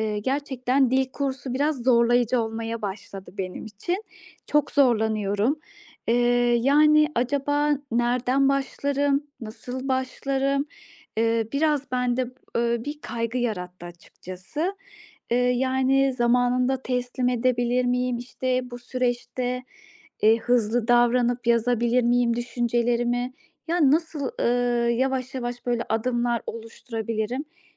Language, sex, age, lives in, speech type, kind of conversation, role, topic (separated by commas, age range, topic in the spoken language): Turkish, female, 35-39, Austria, conversation, advice, user, Zor bir işe başlamadan önce motivasyonumu nasıl artırıp görevlerimi nasıl önceliklendirebilirim?